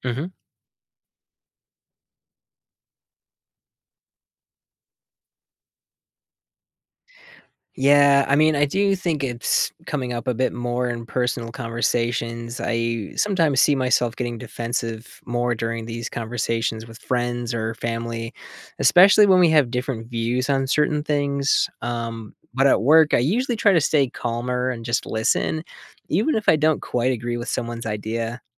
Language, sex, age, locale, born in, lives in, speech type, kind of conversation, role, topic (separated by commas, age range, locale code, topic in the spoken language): English, male, 30-34, en-US, United States, United States, read, expert-assistant, asker, Why is it important to develop critical thinking skills?